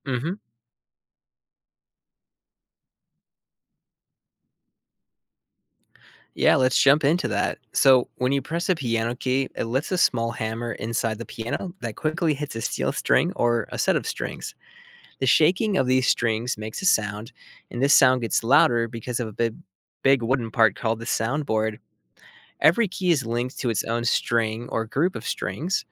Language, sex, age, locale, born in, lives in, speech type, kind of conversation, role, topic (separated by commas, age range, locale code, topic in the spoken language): English, male, 30-34, en-US, United States, United States, read, expert-assistant, expert, How does a piano produce sound?